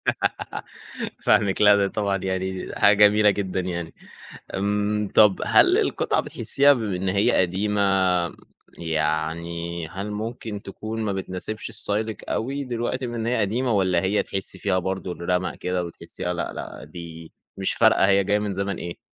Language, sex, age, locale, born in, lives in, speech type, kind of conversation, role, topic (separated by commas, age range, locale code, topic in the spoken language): Arabic, male, 20-24, ar-EG, Egypt, Egypt, conversation, podcast, host, إيه دور المجوهرات العائلية في ستايلك؟